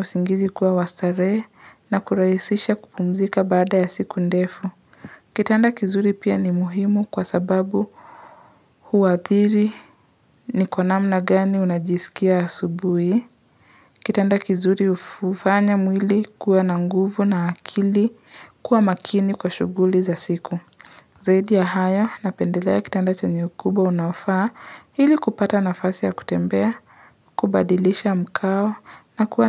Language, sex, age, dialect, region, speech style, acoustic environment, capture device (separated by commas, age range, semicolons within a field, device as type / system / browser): Swahili, male, 25-34, Kenya - Nairobi Sheng-influenced, Kenya, relaxed, nature, mobile / Linux / Chrome